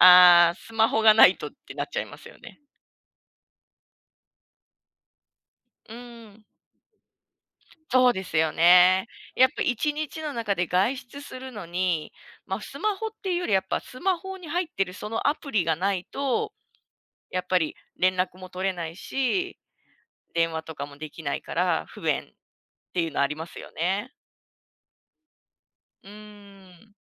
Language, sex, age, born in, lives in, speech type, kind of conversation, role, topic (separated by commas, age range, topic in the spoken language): Japanese, female, 35-39, Japan, Japan, conversation, podcast, host, 便利なアプリの中で、これだけは手放せないものは何ですか？